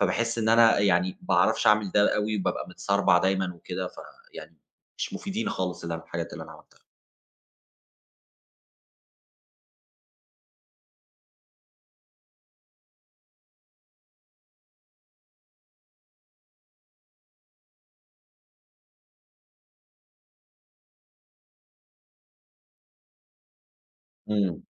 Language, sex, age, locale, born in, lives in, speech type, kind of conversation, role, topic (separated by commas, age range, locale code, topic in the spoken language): Arabic, male, 30-34, ar-EG, Egypt, Germany, conversation, advice, user, إزاي أوازن بين شغلي وبيتي من غير ما حد فيهم يتأثر؟